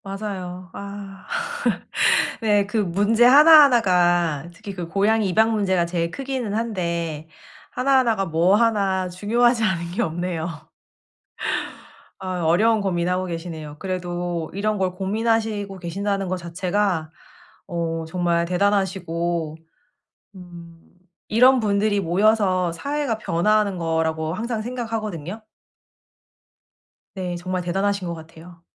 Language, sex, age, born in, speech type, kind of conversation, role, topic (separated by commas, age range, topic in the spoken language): Korean, female, 35-39, South Korea, conversation, advice, advisor, 지역사회에 어떻게 기여하면서 소속감을 느낄 수 있을까요?